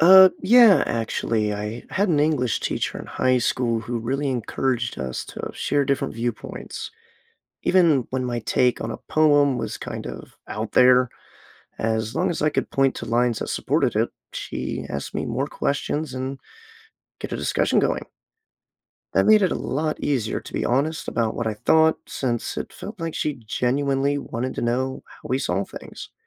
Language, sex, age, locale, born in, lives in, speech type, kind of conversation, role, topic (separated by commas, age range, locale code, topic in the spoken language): English, male, 25-29, en-US, United States, United States, read, expert-assistant, asker, How do life experiences affect story interpretation?